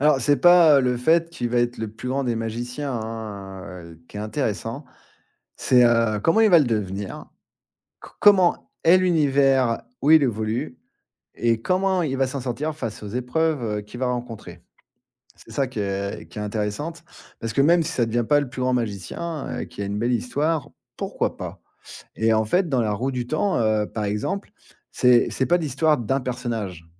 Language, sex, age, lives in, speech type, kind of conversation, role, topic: French, male, 40-44, France, conversation, podcast, guest, Qu’est-ce qui fait qu’une histoire est vraiment captivante ?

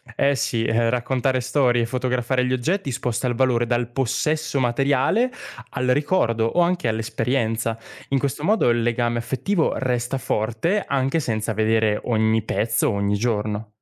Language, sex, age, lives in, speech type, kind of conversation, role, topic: Italian, male, 20-24, Italy, read, expert-assistant, expert, Come capire se collezionare qualcosa può davvero migliorare la mia vita quotidiana?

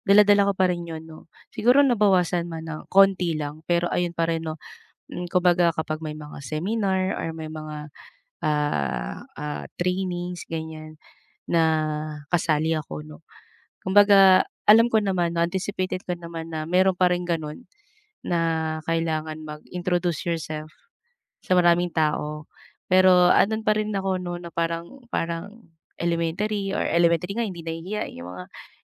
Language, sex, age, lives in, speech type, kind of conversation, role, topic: Filipino, female, 35-39, Philippines, conversation, advice, user, Paano ko mapapamahalaan ang nerbiyos bago at habang nagsasalita?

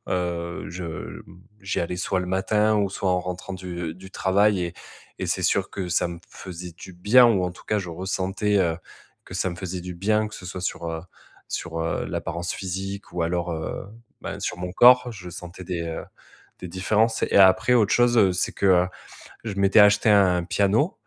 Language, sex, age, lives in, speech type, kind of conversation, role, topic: French, male, 30-34, France, conversation, advice, user, Comment puis-je me détendre à la maison malgré le stress ?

